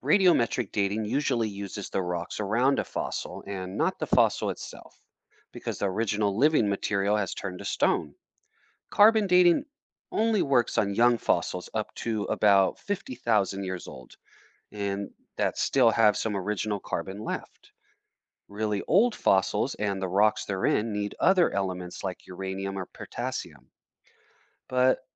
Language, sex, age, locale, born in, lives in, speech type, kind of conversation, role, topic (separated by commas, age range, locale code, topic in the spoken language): English, male, 35-39, en-US, United States, United States, read, expert-assistant, expert, How do fossils form and why are they important?